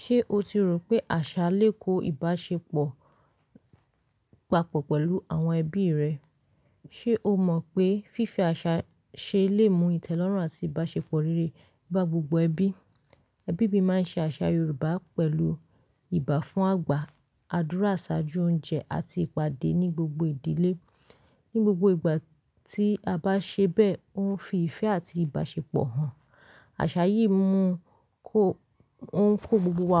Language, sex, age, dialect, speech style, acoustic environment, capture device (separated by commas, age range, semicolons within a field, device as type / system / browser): Yoruba, female, 25-34, Nigeria - Lagos Yoruba, relaxed; focused, nature; silence, mobile / Linux / Chrome